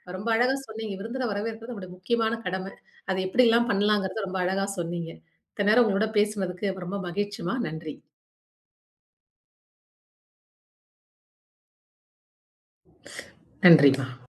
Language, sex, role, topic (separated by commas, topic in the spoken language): Tamil, female, host, விருந்தினரை உங்கள் வீட்டில் எப்படி வரவேற்கிறீர்கள்?